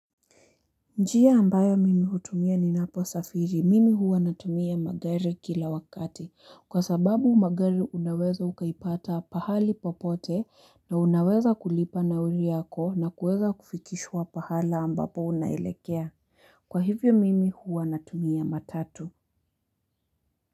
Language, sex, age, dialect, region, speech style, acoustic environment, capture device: Swahili, female, 18-24, Kenya - Nairobi Sheng-influenced, Kenya, happy; focused, people talking; construction; nature, mobile / Linux / Chrome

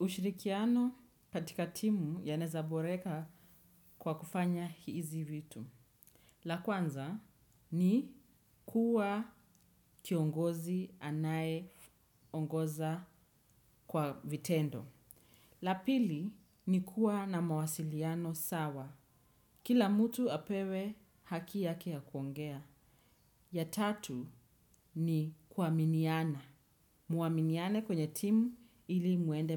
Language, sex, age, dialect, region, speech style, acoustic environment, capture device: Swahili, female, 25-34, Kenya - Nairobi Sheng-influenced, Kenya, relaxed, silence, desktop / Windows / Chrome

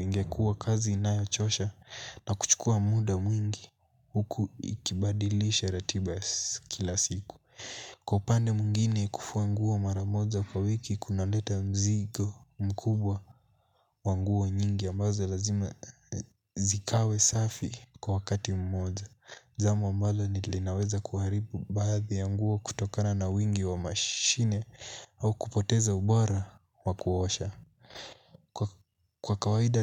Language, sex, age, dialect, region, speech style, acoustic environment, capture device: Swahili, male, 18-24, Kenya - Mombasa, Kenya, happy, nature, mobile / Linux / Chrome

